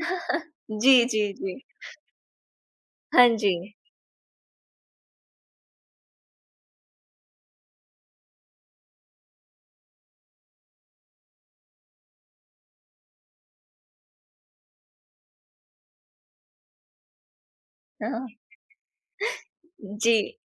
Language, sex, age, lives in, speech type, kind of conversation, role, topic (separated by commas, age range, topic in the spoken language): Hindi, female, 20-24, India, conversation, podcast, host, Kya tum apne kapdon ke zariye apni sanskriti ko manate ho?